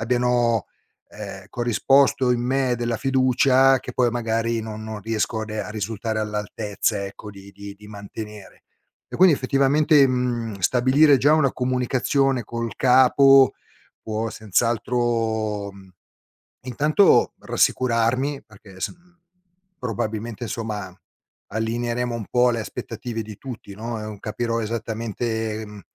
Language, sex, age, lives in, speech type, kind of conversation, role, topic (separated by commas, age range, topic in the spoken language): Italian, male, 50-54, Italy, conversation, advice, user, Come hai vissuto la transizione verso un nuovo ruolo lavorativo?